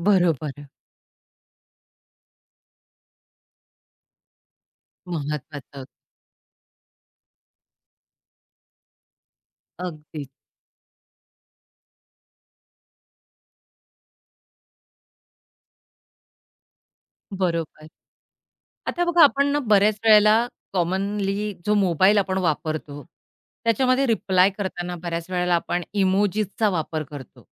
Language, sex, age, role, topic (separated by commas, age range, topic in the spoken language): Marathi, female, 45-49, host, तुमचा बोलण्याचा सूर कधी चुकीचा समजला गेला आहे का?